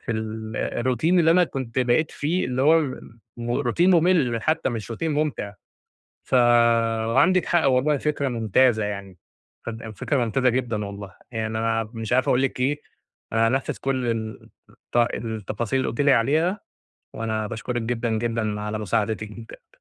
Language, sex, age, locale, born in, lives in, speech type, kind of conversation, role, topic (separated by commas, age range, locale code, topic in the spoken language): Arabic, male, 30-34, ar-EG, Egypt, Egypt, conversation, advice, user, إزاي أقدر أحافظ على شغفي لما الحماس بيقل عندي؟